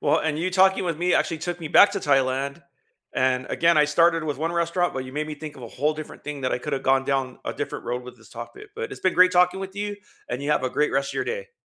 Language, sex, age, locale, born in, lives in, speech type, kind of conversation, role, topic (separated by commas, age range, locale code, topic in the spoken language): English, male, 50-54, en-US, United States, United States, conversation, unstructured, none, What was the most memorable meal you’ve ever had, and what made it unforgettable for you?